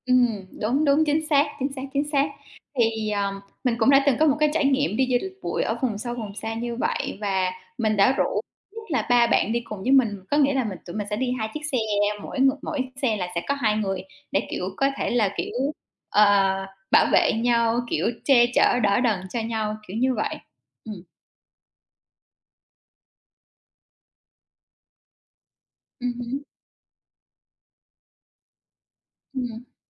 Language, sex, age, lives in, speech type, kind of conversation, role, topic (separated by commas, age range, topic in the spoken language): Vietnamese, female, 30-34, Vietnam, conversation, unstructured, none, Làm sao bạn giữ an toàn khi đi du lịch bụi?